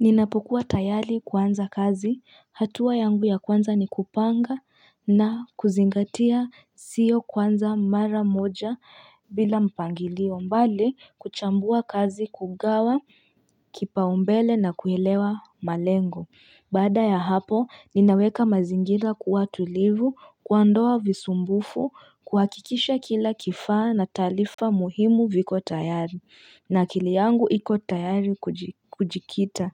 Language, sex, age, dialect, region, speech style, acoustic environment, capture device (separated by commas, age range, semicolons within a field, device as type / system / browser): Swahili, female, 25-34, Kenya - Nairobi Sheng-influenced, Kenya, tired, people talking; nature; music, mobile / Linux / Chrome